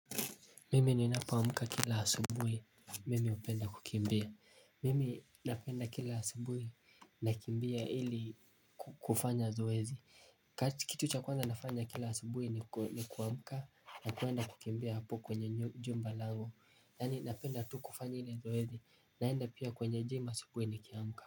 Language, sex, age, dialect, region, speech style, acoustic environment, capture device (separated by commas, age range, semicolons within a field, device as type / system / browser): Swahili, male, 18-24, Kenya - Mombasa, Kenya, happy, silence, mobile / Linux / Chrome